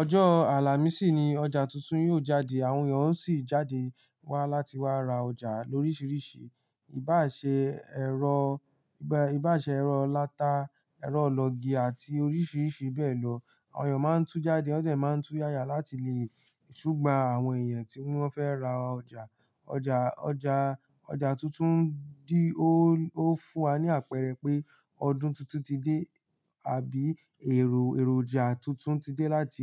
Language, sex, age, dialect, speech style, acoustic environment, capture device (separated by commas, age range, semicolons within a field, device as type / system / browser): Yoruba, male, 18-24, Nigeria - Ibadan, relaxed, nature, mobile / Linux / Chrome